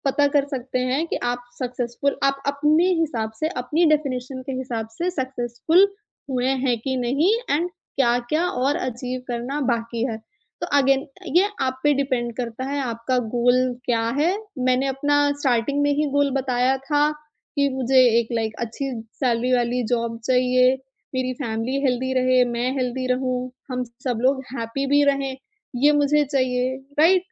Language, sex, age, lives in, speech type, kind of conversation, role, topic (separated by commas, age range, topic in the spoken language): Hindi, female, 25-29, India, conversation, podcast, guest, Aaj kal tumhare liye success ka matlab kya hai?